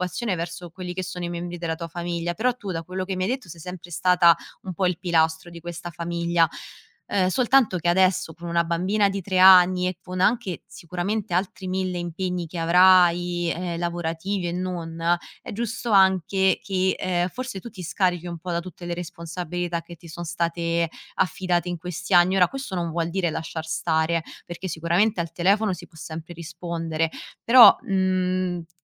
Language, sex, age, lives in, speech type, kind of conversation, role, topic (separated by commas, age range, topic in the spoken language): Italian, female, 25-29, Italy, conversation, advice, advisor, Come faccio a decidere se trasferirmi in un'altra città o restare vicino alla famiglia?